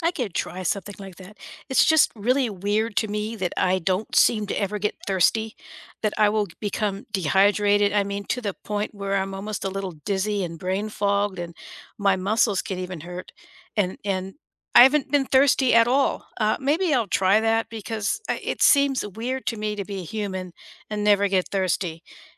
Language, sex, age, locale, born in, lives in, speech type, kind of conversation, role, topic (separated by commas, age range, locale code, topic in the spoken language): English, female, 60-64, en-US, United States, United States, conversation, unstructured, none, How do you stay hydrated throughout the day?